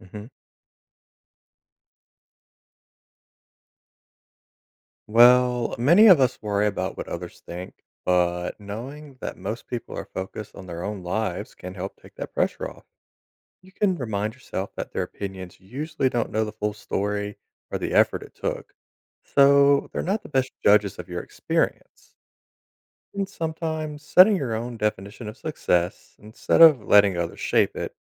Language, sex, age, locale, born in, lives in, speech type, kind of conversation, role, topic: English, male, 30-34, en-US, United States, United States, read, expert-assistant, expert, What can we learn from failure?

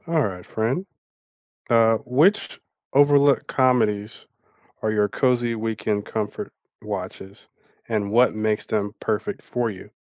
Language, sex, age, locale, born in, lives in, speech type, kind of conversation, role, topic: English, male, 35-39, en-US, United States, United States, conversation, unstructured, none, Which overlooked comedies are your cozy weekend comfort watches, and what makes them perfect for you?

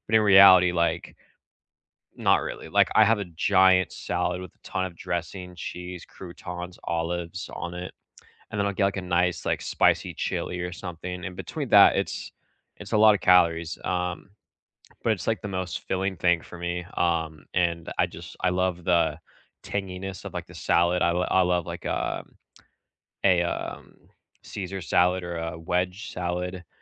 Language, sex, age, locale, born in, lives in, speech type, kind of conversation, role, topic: English, male, 25-29, en-US, United States, United States, conversation, unstructured, none, What food do you crave most when you need comfort?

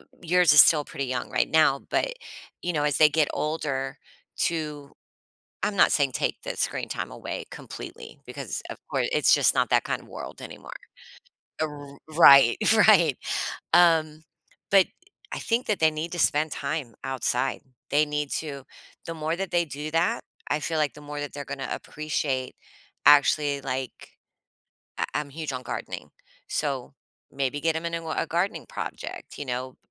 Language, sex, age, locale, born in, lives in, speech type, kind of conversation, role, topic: English, female, 45-49, en-US, United States, United States, conversation, podcast, host, How can we encourage children to care for and protect the environment?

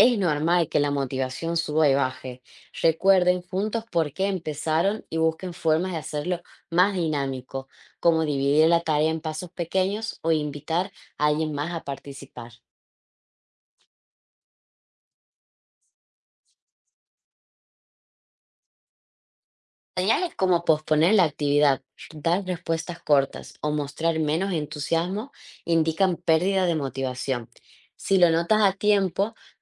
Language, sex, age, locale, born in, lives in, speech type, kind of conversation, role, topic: Spanish, female, 25-29, es-AR, Argentina, Netherlands, read, expert-assistant, expert, ¿Cómo podríamos hacer que aprender algo nuevo sea más fácil y divertido?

